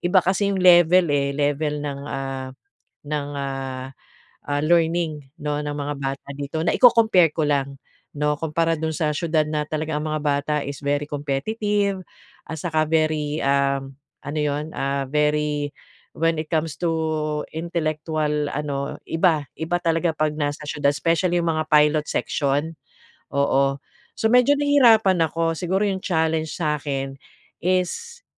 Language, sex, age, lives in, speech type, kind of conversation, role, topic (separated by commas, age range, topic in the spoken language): Filipino, female, 45-49, Philippines, conversation, advice, user, Paano ko mapapaunlad ang kumpiyansa ko sa pagtanggap ng papuri?